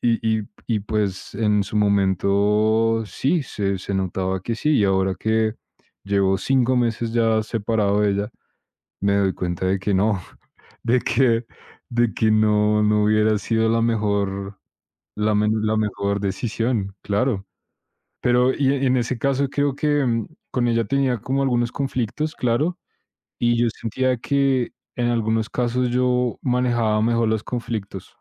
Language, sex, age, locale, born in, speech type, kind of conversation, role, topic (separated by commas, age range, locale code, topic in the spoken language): Spanish, male, 25-29, es-CO, Colombia, conversation, unstructured, none, ¿Crees que los conflictos pueden hacer que las relaciones sean más fuertes?